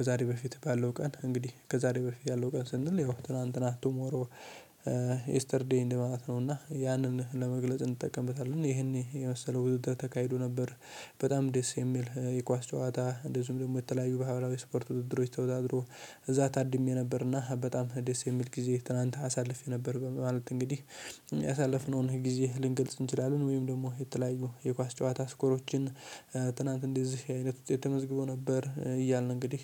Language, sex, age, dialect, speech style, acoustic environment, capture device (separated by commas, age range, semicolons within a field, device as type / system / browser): Amharic, male, 18-24, Ethiopia - Addis Ababa, happy; focused, silence; nature, mobile / Linux / Chrome